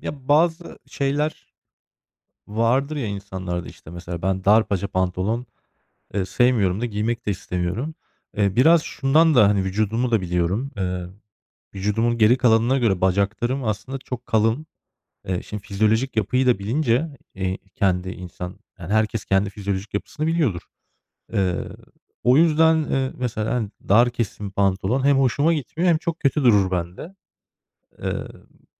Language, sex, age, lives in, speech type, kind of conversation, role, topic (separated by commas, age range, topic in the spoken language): Turkish, male, 35-39, Germany, conversation, podcast, guest, Instagram veya bloglar sana stil ilhamı veriyor mu?